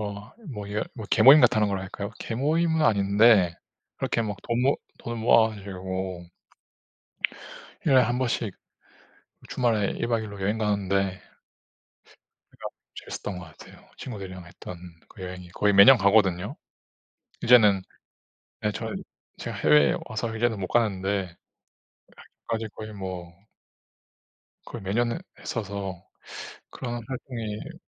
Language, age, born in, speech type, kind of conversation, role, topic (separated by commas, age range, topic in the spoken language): Korean, 30-34, South Korea, conversation, unstructured, none, 야외 활동을 하면서 가장 즐거웠던 기억은 무엇인가요?